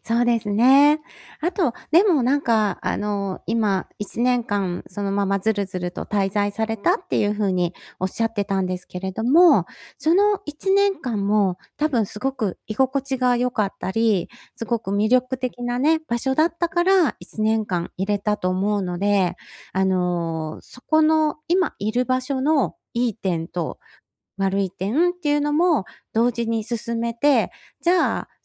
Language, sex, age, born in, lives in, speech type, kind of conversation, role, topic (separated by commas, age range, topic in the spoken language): Japanese, female, 50-54, Japan, Japan, conversation, advice, advisor, 失敗を学びに変えるには、どのように考えればよいですか？